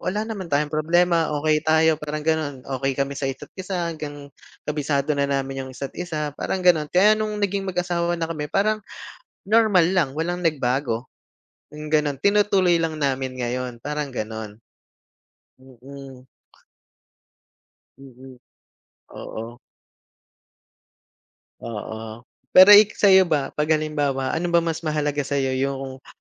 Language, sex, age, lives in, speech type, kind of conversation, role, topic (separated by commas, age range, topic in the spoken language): Filipino, male, 35-39, Philippines, conversation, unstructured, none, Paano mo malalaman kung totoo ang pagmamahal ng isang tao?